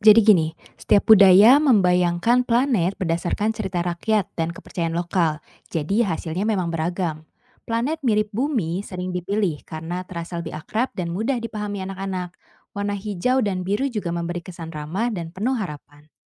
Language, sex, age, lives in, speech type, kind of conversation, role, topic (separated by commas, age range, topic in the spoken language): Indonesian, female, 25-29, Indonesia, read, expert-assistant, expert, Kenapa kita sering membayangkan planet lain seperti Bumi, padahal setiap budaya punya kisah berbeda tentang planet-planet?